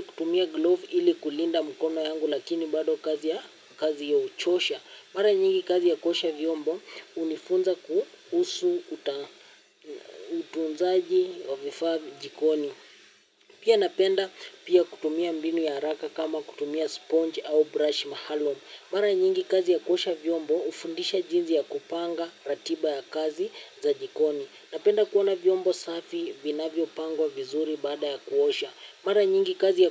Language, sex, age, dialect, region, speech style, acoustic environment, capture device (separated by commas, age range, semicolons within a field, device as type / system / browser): Swahili, male, 18-24, Kenya - Nairobi Sheng-influenced, Kenya, happy, nature, mobile / Linux / Chrome